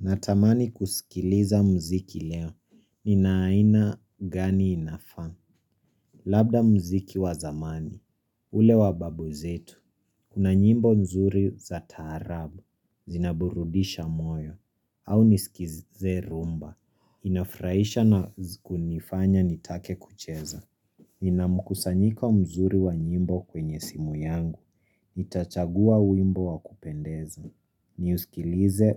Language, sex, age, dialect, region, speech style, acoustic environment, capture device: Swahili, female, 25-34, Kenya - Nairobi Sheng-influenced, Kenya, happy; relaxed; excited, silence, mobile / Linux / Chrome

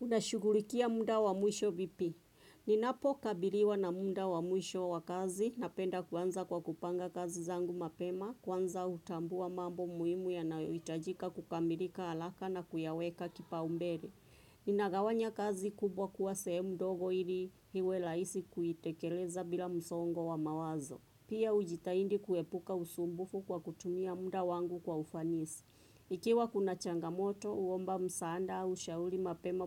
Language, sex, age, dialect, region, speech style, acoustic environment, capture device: Swahili, female, 25-34, Kenya - Nairobi Sheng-influenced, Kenya, focused; relaxed, traffic; nature, desktop / Windows / Chrome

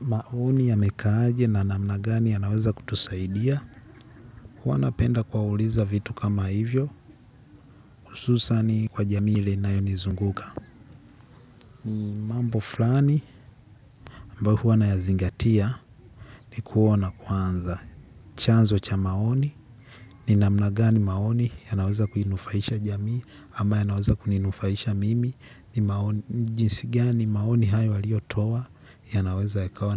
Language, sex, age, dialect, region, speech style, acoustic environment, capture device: Swahili, male, 18-24, Tanzania - Dar es Salaam, Tanzania, excited; focused, nature, mobile / Linux / Chrome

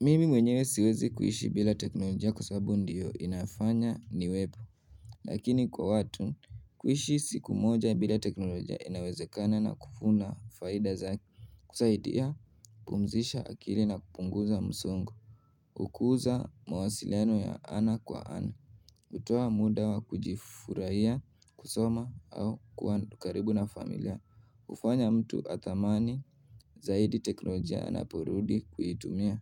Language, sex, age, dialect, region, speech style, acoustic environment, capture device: Swahili, male, 18-24, Kenya - Nairobi Sheng-influenced, Kenya, nervous, silence, mobile / Linux / Chrome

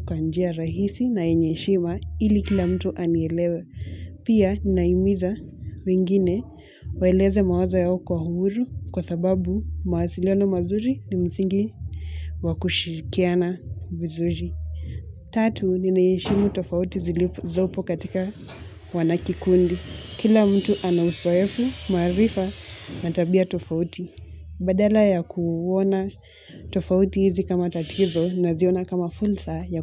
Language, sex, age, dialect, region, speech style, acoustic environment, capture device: Swahili, female, 18-24, Kenya - Mombasa, Kenya, focused; relaxed; anxious, people talking; construction; nature, mobile / Linux / Chrome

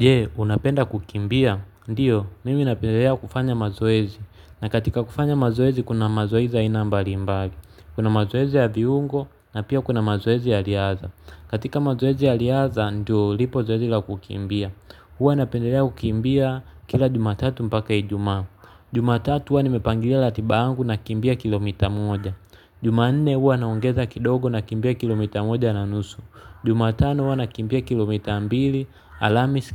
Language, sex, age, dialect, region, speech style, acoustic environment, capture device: Swahili, male, 25-34, Tanzania - Dar es Salaam, Tanzania, focused, nature, mobile / Linux / Chrome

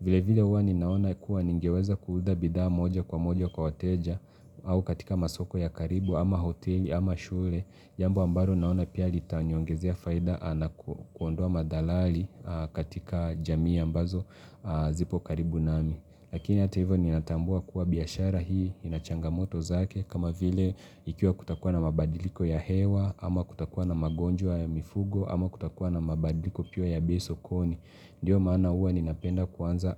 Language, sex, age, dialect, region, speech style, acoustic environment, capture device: Swahili, male, 25-34, Kenya - Mombasa, Kenya, relaxed, silence, mobile / Linux / Chrome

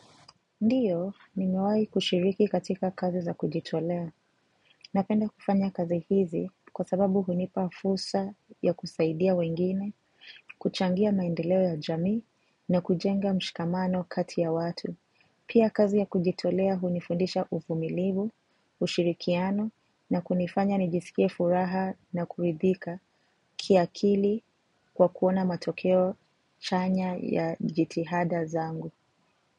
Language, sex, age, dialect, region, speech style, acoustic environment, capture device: Swahili, female, 25-34, Kenya - Mombasa, Kenya, relaxed, silence, mobile / macOS / Safari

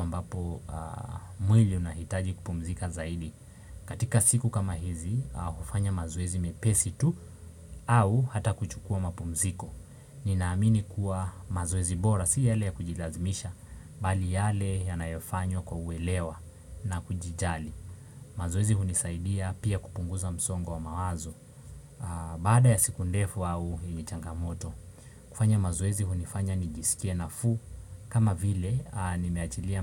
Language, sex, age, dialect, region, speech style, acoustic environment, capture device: Swahili, male, 18-24, Kenya - Mombasa, Kenya, focused, appliances, desktop / Windows / Chrome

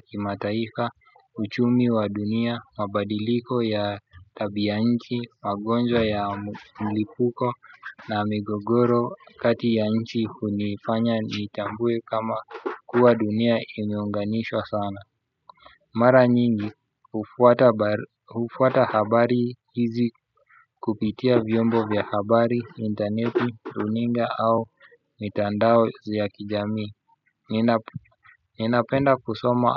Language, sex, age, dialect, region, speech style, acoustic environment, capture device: Swahili, male, 25-34, Kenya - Nairobi Sheng-influenced, Kenya, relaxed; focused, music; people talking, desktop / Windows / Chrome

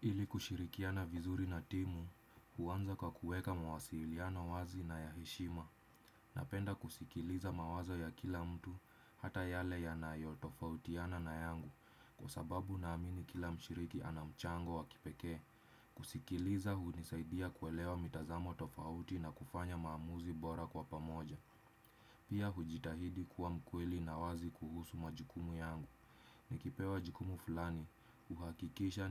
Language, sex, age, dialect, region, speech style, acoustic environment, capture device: Swahili, male, 25-34, Kenya - Nairobi Sheng-influenced, Kenya, stressed; relaxed, silence, desktop / Windows / Chrome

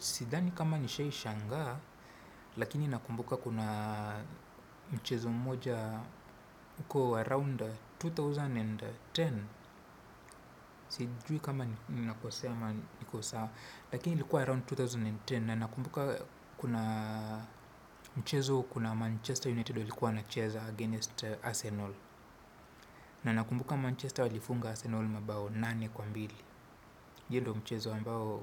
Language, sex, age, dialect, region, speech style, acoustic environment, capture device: Swahili, male, 25-34, Kenya - Nairobi Sheng-influenced, Kenya, focused, traffic, desktop / Windows / Chrome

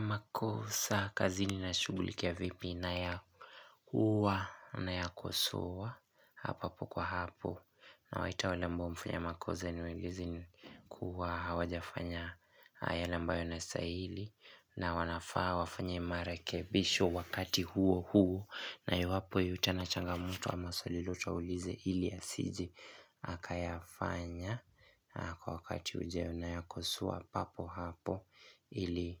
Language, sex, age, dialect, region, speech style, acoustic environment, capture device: Swahili, male, 18-24, Kenya - Nairobi Sheng-influenced, Kenya, relaxed, music, desktop / Linux / Chrome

